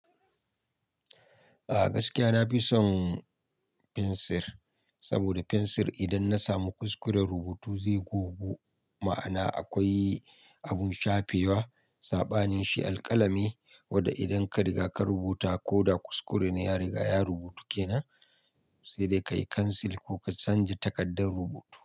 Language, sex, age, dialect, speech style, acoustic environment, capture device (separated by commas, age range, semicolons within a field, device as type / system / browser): Hausa, male, 25-34, Nigeria - Nigerian Standard, happy; relaxed, nature, mobile / Linux / Chrome